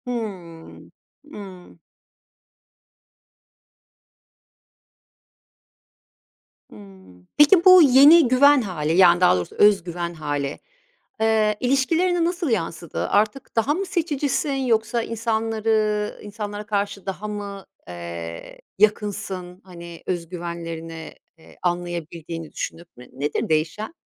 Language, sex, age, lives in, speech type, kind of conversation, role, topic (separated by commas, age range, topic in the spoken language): Turkish, female, 50-54, Italy, conversation, podcast, host, Kendini tanımaya nasıl başladın?